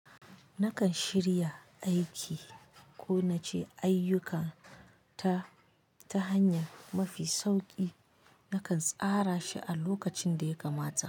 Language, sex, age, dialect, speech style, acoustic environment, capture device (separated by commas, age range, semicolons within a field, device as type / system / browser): Hausa, female, 25-34, Nigeria - Kano, anxious; nervous, nature, mobile / Linux / Chrome